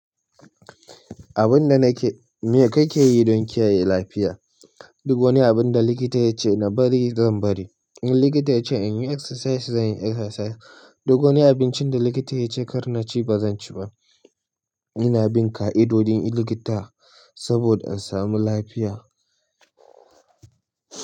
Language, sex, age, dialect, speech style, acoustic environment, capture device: Hausa, male, 25-34, Nigeria - Nigerian Standard, relaxed, silence, mobile / Linux / Chrome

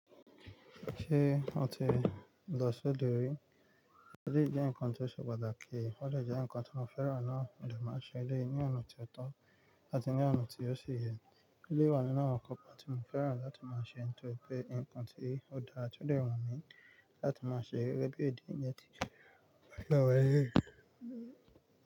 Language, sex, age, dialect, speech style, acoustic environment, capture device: Yoruba, male, 25-34, Nigeria - Nigerian Standard, relaxed, music, mobile / Linux / Chrome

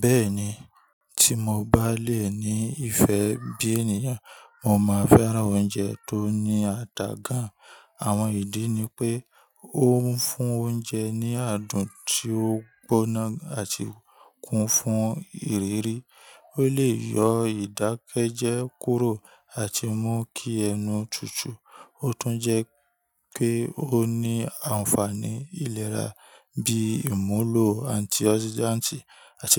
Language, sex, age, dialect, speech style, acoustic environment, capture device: Yoruba, male, 25-34, Nigeria - Ibadan, happy; relaxed, people talking; nature, mobile / Linux / Chrome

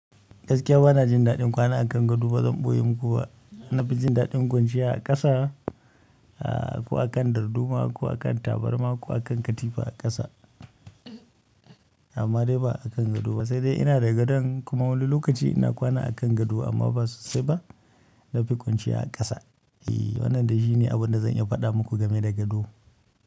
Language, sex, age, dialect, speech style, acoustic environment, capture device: Hausa, male, 25-34, Nigeria - Kano, excited, nature, mobile / Linux / Chrome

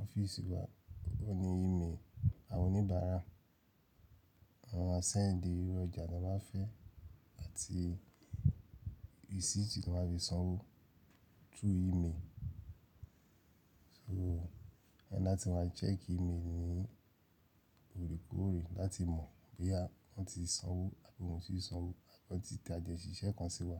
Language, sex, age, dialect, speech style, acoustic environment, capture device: Yoruba, male, 35-44, Nigeria - Nigerian Standard, focused, silence, mobile / Linux / Chrome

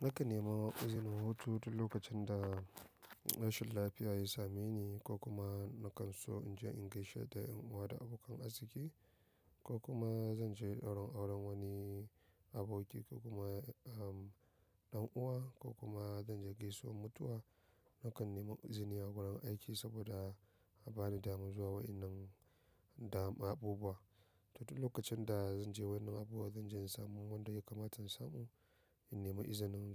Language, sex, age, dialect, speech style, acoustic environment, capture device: Hausa, male, 25-34, Nigeria - Nigerian Standard, excited; happy, people talking; nature, mobile / macOS / Safari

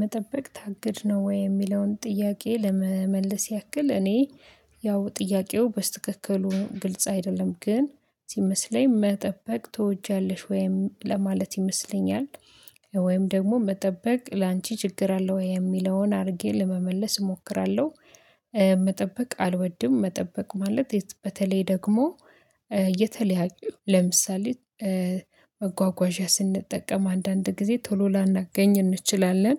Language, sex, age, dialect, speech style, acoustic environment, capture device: Amharic, female, 25-34, Ethiopia - Addis Ababa, excited; happy, silence, mobile / Linux / Chrome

